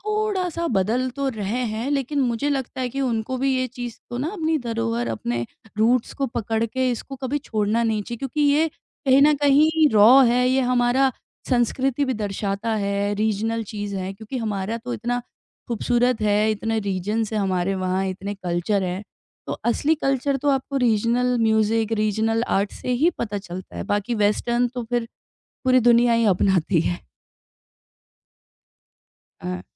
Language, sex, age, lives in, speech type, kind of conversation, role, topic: Hindi, female, 30-34, India, conversation, podcast, guest, Regional music tumhe kis tarah attract karta hai, thoda bataoge?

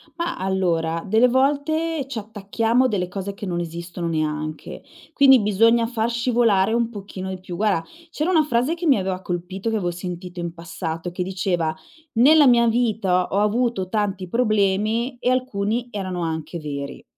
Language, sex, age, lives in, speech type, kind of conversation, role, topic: Italian, female, 45-49, Italy, conversation, podcast, guest, Come posso capire se un sorriso è genuino o di circostanza?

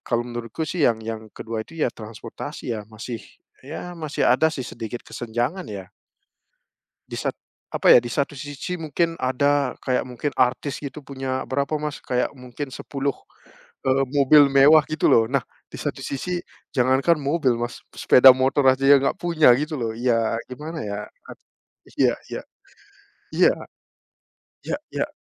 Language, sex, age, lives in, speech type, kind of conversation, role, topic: Indonesian, male, 30-34, Netherlands, conversation, unstructured, none, Apakah teknologi membuat dunia menjadi lebih adil, atau justru sebaliknya?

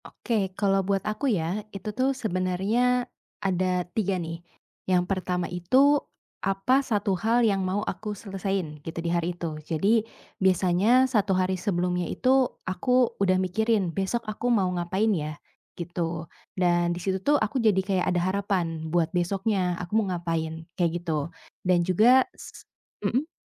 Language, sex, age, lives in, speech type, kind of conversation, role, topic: Indonesian, female, 25-29, Indonesia, conversation, podcast, guest, Apa kebiasaan kecil yang memberikan rasa tujuan dalam keseharianmu?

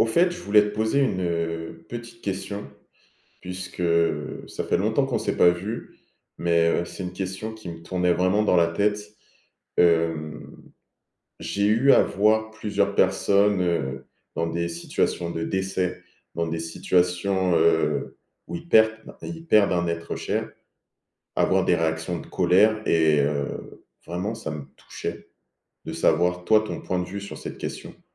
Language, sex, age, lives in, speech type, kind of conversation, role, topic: French, male, 20-24, France, conversation, unstructured, none, Pourquoi certaines personnes se mettent-elles en colère après une perte ?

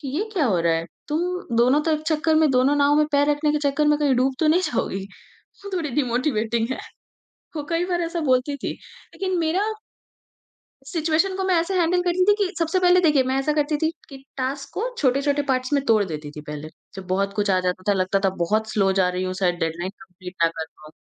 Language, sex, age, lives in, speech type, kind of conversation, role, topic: Hindi, female, 40-44, India, conversation, podcast, guest, Jab progress dheemi pad jaati hai, toh tum apni motivation kaise banaye rakhte ho?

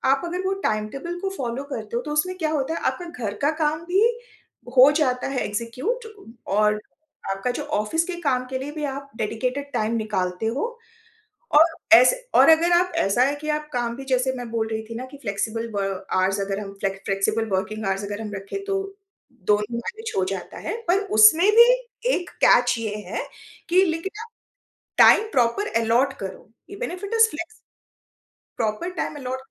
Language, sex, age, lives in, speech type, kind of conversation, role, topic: Hindi, female, 45-49, India, conversation, podcast, guest, Ghar par kaam aur personal life ki boundaries set karne ke liye tum kaunse rules banate ho?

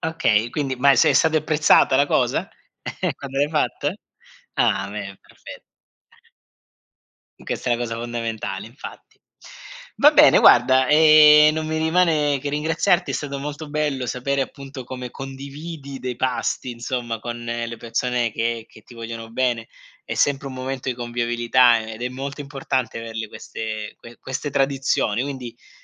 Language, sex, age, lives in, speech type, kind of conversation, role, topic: Italian, male, 40-44, Germany, conversation, podcast, host, Quale pasto condiviso ti è rimasto nel cuore?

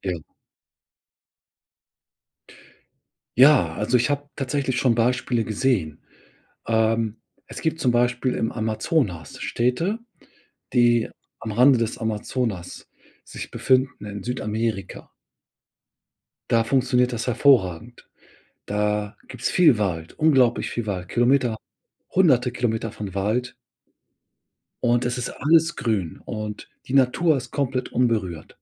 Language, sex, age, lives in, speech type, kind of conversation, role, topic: German, male, 40-44, Germany, conversation, podcast, guest, Was würdest du in deiner Stadt verändern, um sie grüner zu machen?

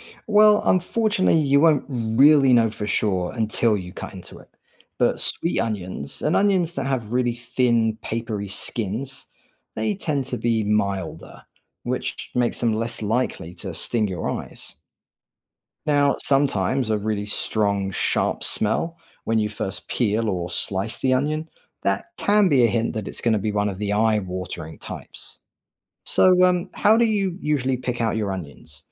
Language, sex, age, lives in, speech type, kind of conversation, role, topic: English, male, 40-44, United States, read, expert-assistant, expert, Why do onions cause eyes to water?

